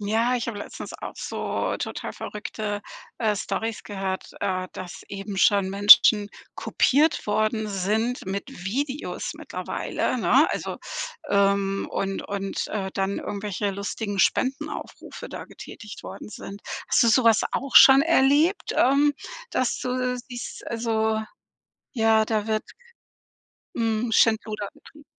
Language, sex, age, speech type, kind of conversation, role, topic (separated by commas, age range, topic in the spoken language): German, female, 55-59, conversation, podcast, host, Was wird Datenschutz künftig für uns alle bedeuten?